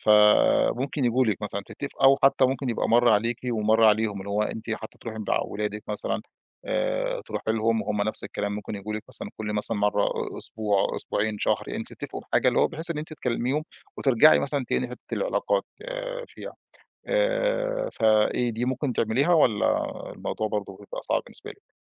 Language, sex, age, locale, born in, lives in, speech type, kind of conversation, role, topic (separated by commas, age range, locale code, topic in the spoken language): Arabic, male, 35-39, ar-EG, Egypt, Egypt, conversation, advice, advisor, إزاي ألاقي وقت للعلاقات الاجتماعية والهوايات؟